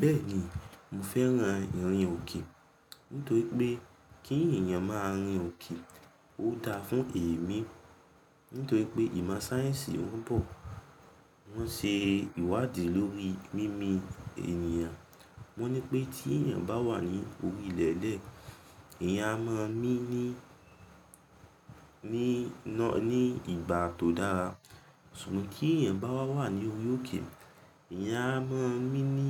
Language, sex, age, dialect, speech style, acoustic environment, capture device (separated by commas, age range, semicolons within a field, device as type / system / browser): Yoruba, male, 18-24, Nigeria - Ibadan, focused, silence, mobile / Linux / Chrome